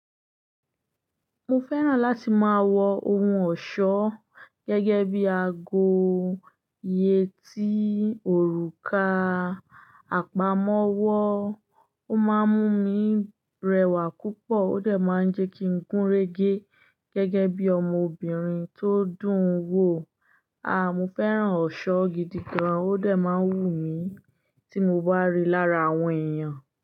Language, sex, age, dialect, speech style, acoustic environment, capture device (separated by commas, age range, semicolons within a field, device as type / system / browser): Yoruba, female, 25-34, Nigeria - Ibadan, happy, silence, desktop / Linux / Chrome